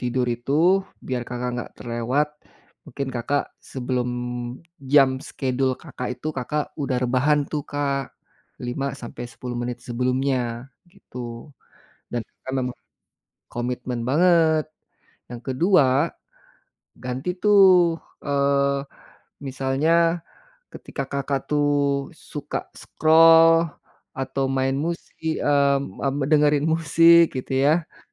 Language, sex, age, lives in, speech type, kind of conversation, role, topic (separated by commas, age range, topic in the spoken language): Indonesian, male, 45-49, Indonesia, conversation, advice, advisor, Mengapa Anda sering melewatkan waktu tidur karena menatap layar pada malam hari?